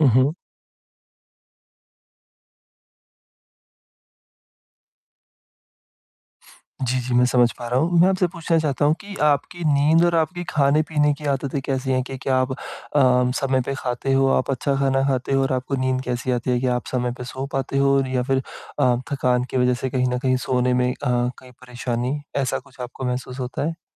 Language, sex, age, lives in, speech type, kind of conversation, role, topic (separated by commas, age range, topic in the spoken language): Hindi, male, 25-29, India, conversation, advice, advisor, मैं काम के दौरान दिन भर कम थकान कैसे महसूस करूँ?